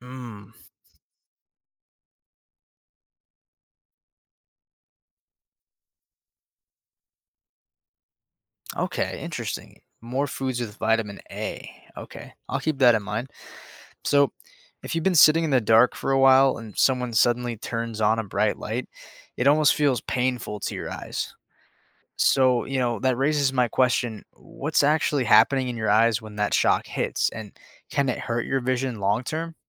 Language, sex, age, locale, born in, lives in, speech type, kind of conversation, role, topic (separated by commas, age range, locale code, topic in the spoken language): English, male, 20-24, en-US, United States, United States, read, expert-assistant, asker, How do our eyes adjust to darkness?